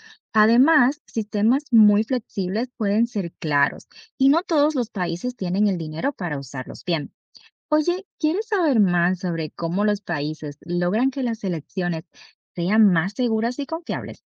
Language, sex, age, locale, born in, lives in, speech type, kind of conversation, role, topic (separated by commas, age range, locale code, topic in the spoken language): Spanish, female, 30-34, es-DO, Dominican Republic, United States, read, expert-assistant, expert, ¿Por qué es importante la participación ciudadana en las elecciones?